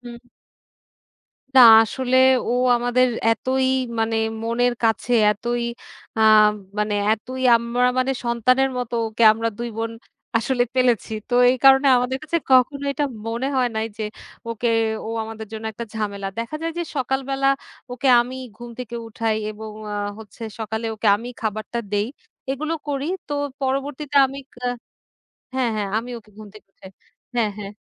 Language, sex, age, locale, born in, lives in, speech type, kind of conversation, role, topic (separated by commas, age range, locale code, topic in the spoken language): Bengali, female, 30-34, bn-BD, Bangladesh, Bangladesh, conversation, podcast, guest, কোন শখ আপনাকে সবচেয়ে বেশি আনন্দ দেয়, এবং কেন?